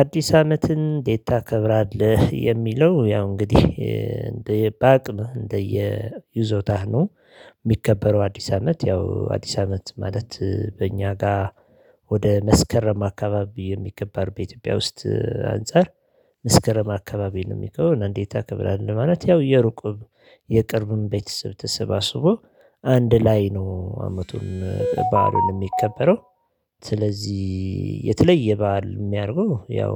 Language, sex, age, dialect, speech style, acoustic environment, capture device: Amharic, male, 35-44, Ethiopia - Addis Ababa, happy, silence, mobile / Linux / Chrome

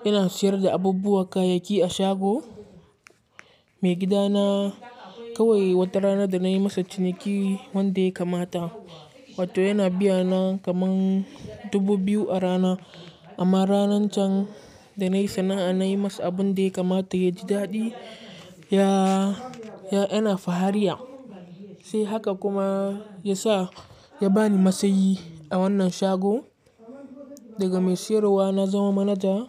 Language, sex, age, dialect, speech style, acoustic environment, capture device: Hausa, male, 18-24, Nigeria - Katsina, focused; relaxed, people talking, mobile / Linux / Chrome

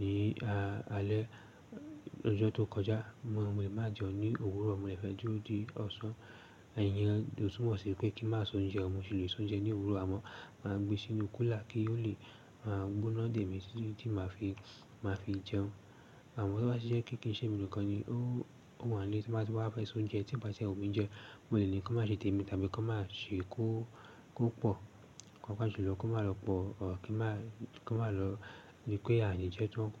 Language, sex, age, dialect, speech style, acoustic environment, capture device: Yoruba, male, 18-24, Nigeria - Lagos Yoruba, excited, silence, desktop / Linux / Chrome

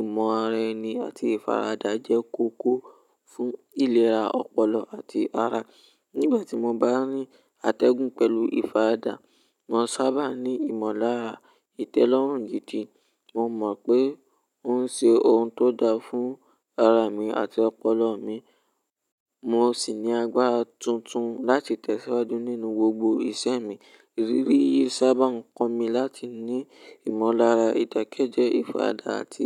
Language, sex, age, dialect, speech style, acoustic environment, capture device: Yoruba, male, 18-24, Nigeria - Ibadan, relaxed; focused, nature, mobile / Linux / Chrome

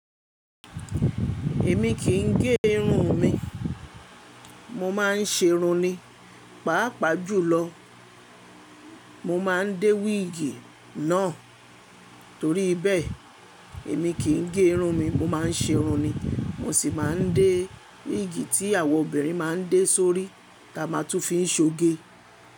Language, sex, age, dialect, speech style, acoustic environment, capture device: Yoruba, female, 25-34, Nigeria - Lagos Yoruba, happy; relaxed, appliances, mobile / Linux / Chrome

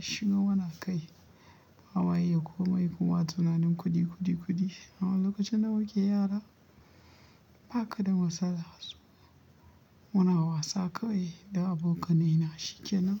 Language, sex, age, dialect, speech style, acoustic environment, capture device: Hausa, male, 25-34, Nigeria - Kano, tired; happy, nature, mobile / Linux / Chrome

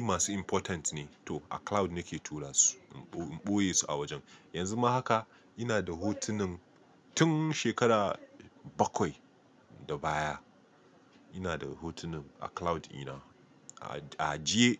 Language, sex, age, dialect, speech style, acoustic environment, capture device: Hausa, male, 25-34, Nigeria - Nigerian Standard, happy, nature, mobile / Linux / Chrome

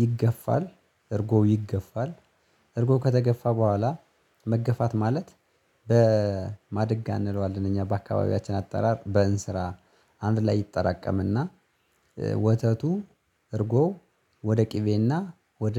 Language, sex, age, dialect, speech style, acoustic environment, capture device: Amharic, male, 25-34, Ethiopia - Gojjam, relaxed, nature, mobile / Linux / Chrome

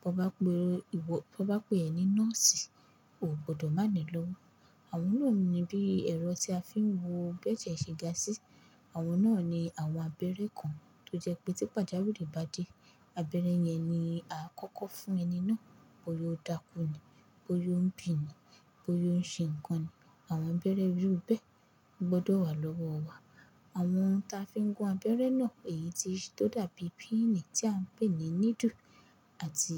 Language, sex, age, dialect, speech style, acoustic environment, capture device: Yoruba, female, 18-24, Nigeria - Lagos Yoruba, focused, silence, mobile / Linux / Chrome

